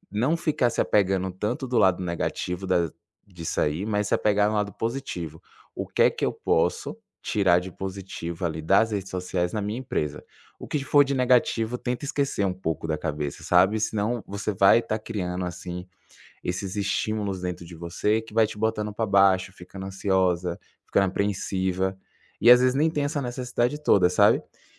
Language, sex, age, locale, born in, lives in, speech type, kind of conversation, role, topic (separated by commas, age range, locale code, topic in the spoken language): Portuguese, male, 25-29, pt-BR, Brazil, France, conversation, advice, advisor, Como posso lidar com o medo de falhar que me impede de tentar ideias novas?